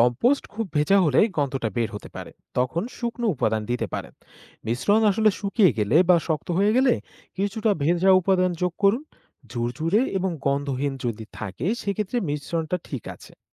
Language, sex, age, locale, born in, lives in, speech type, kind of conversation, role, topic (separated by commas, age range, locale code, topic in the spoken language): Bengali, male, 20-24, bn-BD, Bangladesh, Bangladesh, read, expert-assistant, expert, ব্যক্তিগত উন্নয়নের জন্য নিজস্ব ছাদবাগান বা ছোট কৃষি প্রকল্প শুরু করতে গেলে কী কী বিষয় মাথায় রাখা উচিত?